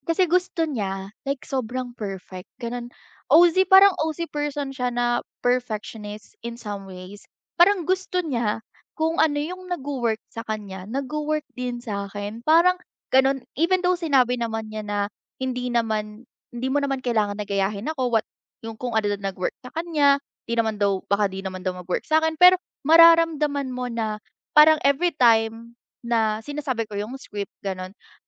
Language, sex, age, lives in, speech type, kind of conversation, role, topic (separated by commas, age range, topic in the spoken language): Filipino, female, 20-24, Philippines, conversation, advice, user, Paano ako hihingi ng paumanhin pagkatapos magkamali sa isang relasyon o sa trabaho?